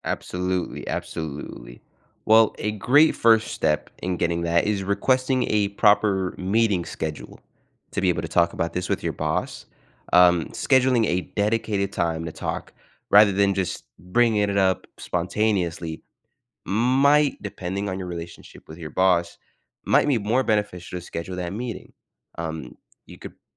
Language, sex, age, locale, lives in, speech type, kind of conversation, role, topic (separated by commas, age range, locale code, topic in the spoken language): English, male, 20-24, en-US, United States, conversation, advice, advisor, How do I ask my boss for a raise in a confident, respectful way?